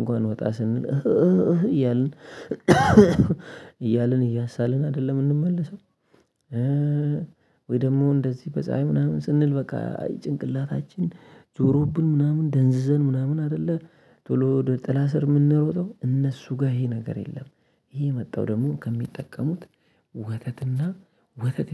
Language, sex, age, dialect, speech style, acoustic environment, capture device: Amharic, male, 25-34, Ethiopia - Addis Ababa, happy, people talking; nature, mobile / Linux / Chrome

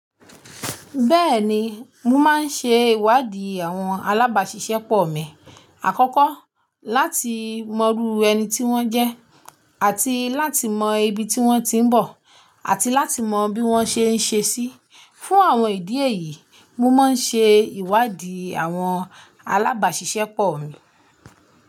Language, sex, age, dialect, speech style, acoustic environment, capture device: Yoruba, female, 25-34, Nigeria - Ibadan, focused; relaxed, silence, mobile / Linux / Chrome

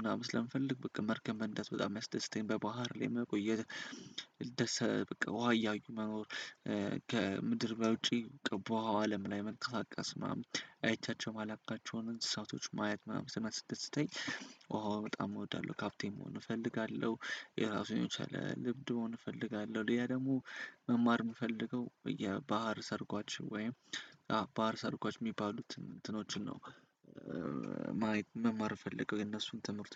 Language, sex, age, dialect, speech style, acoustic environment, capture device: Amharic, male, 18-24, Ethiopia - Addis Ababa, happy; excited, silence; nature, desktop / Windows / Chrome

